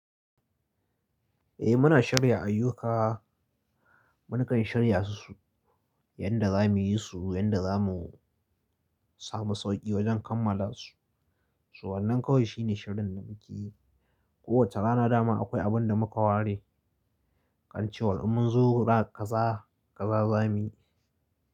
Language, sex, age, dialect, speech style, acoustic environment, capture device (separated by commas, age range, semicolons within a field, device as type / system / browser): Hausa, male, 25-34, Nigeria - Kano, anxious; focused, silence, mobile / Linux / Chrome